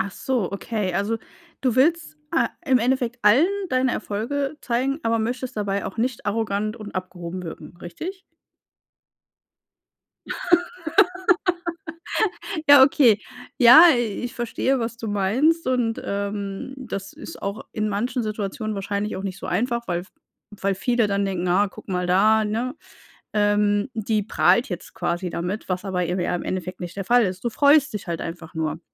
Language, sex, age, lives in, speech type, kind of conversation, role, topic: German, female, 35-39, Germany, conversation, advice, advisor, Wie kann ich meine Erfolge intern kommunizieren, ohne zu prahlen?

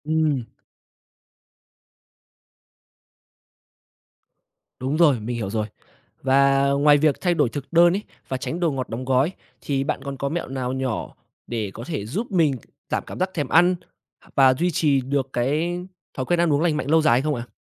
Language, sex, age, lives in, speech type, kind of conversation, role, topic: Vietnamese, male, 20-24, Vietnam, read, expert-assistant, asker, Những ảnh hưởng của thói quen ăn uống không lành mạnh là gì?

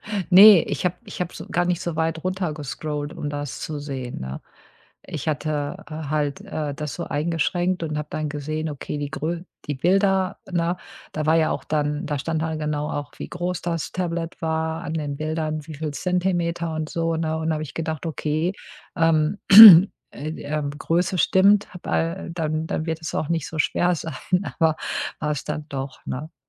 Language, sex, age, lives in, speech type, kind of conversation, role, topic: German, female, 50-54, United States, conversation, podcast, guest, Was hilft dir dabei, Optionen sinnvoll einzugrenzen?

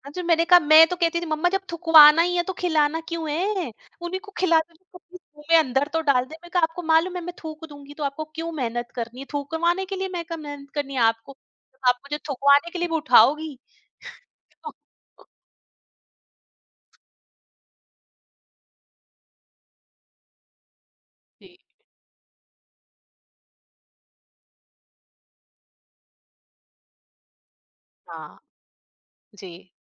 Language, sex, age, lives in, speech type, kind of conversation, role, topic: Hindi, female, 45-49, India, conversation, podcast, guest, Festival ka kaunsa khaana aaj bhi tumhare dil ko chhoo jaata hai?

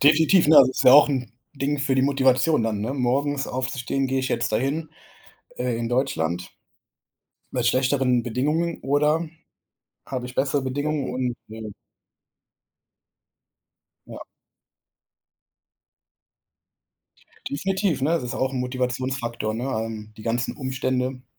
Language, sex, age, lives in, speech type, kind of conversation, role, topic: German, male, 25-29, Germany, conversation, podcast, guest, Wie findest du die Motivation, regelmäßig Sport zu machen?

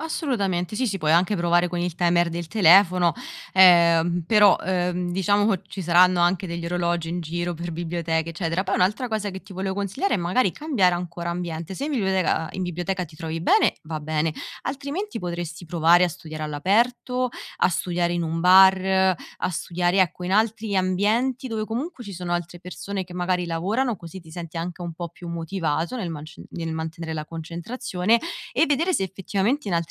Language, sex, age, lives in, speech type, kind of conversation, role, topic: Italian, female, 25-29, Italy, conversation, advice, advisor, Come posso mantenere la concentrazione e l’energia costanti durante tutta la giornata?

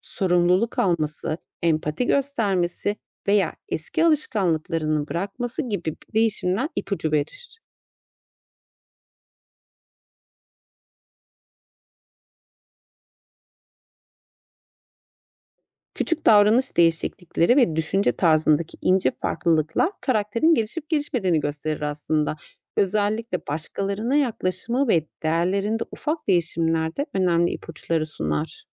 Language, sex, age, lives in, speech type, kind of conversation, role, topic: Turkish, female, 35-39, Austria, read, expert-assistant, expert, Çağdaş romanları daha iyi anlamak için hangi yöntemleri kullanabilirim?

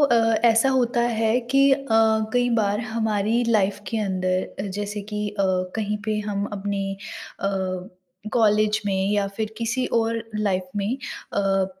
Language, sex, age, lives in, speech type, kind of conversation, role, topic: Hindi, female, 20-24, India, conversation, podcast, host, Kabhi kisi random bande se baat karke kya tumhare liye koi naya raasta khul gaya hai?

